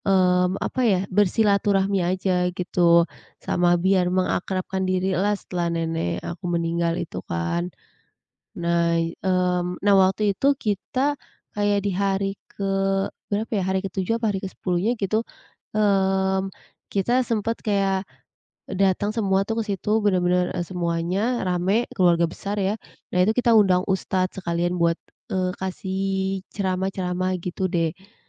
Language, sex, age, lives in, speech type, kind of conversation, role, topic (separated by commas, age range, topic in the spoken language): Indonesian, female, 25-29, Indonesia, conversation, podcast, guest, Bisakah kamu menceritakan pengalamanmu merawat atau kehilangan orang terdekat?